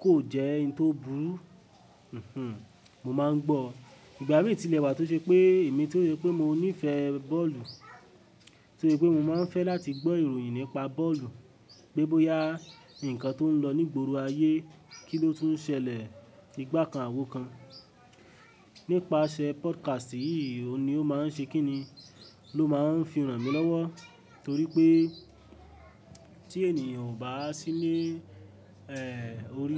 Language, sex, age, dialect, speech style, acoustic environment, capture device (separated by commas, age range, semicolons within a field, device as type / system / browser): Yoruba, male, 18-24, Nigeria - Ibadan, happy; focused, people talking, mobile / Linux / Chrome